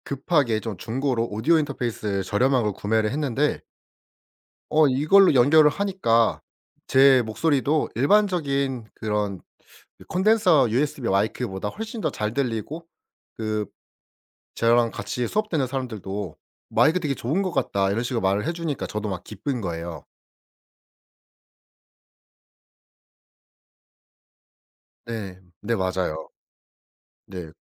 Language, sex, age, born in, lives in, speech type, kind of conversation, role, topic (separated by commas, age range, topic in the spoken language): Korean, male, 25-29, South Korea, South Korea, conversation, podcast, guest, 처음에는 실패라고 생각했지만 나중에 도움이 됐던 경험이 있나요?